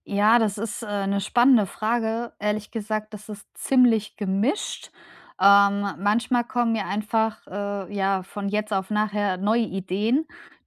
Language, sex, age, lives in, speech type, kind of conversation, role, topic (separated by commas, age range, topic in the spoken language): German, female, 35-39, Germany, conversation, advice, user, Warum fällt es mir so schwer, entspannende Freizeitaktivitäten zu genießen?